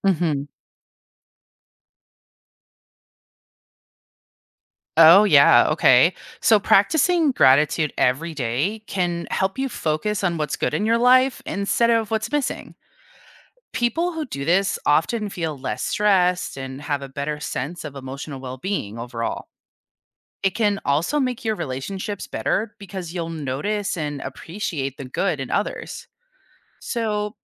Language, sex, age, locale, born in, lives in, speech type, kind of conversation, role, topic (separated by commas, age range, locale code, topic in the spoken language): English, female, 30-34, en-US, United States, United States, read, expert-assistant, expert, What are the benefits of practicing gratitude every day?